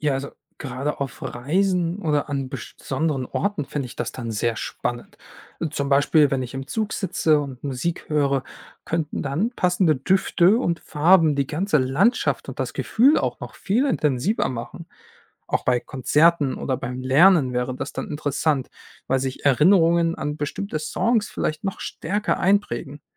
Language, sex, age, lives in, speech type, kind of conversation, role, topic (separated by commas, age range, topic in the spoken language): German, male, 25-29, Germany, read, expert-assistant, asker, Wird Musik in Zukunft mit allen Sinnen erlebbar sein?